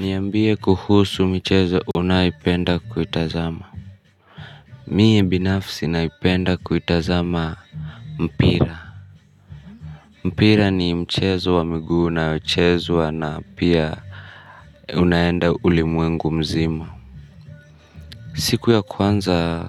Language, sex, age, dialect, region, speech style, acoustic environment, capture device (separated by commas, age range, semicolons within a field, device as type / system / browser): Swahili, male, 25-34, Kenya - Nairobi Sheng-influenced, Kenya, tired; stressed; nervous, music, mobile / Linux / Chrome